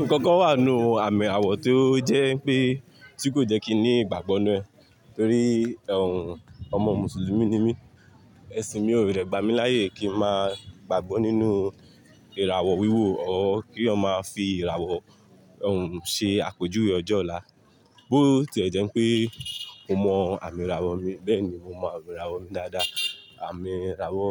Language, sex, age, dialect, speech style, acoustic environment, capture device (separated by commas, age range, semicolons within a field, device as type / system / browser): Yoruba, male, 25-34, Nigeria - Lagos Yoruba, relaxed, people talking, mobile / Linux / Chrome